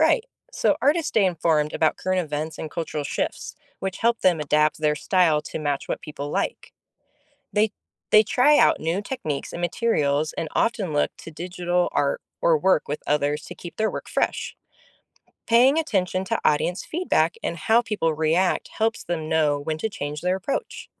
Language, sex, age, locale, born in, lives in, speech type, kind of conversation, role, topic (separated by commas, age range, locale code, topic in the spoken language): English, female, 30-34, en-US, United States, United States, read, expert-assistant, expert, How does art reflect and influence society?